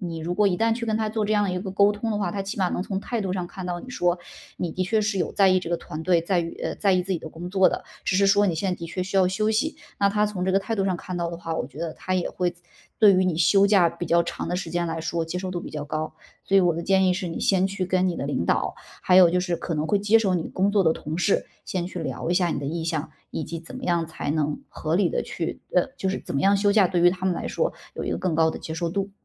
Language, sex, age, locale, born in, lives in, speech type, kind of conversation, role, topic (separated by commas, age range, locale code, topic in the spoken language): Chinese, female, 35-39, zh-CN, China, Germany, conversation, advice, advisor, 我担心休息一段时间会落后或被替代，该如何缓解对职业前途的焦虑？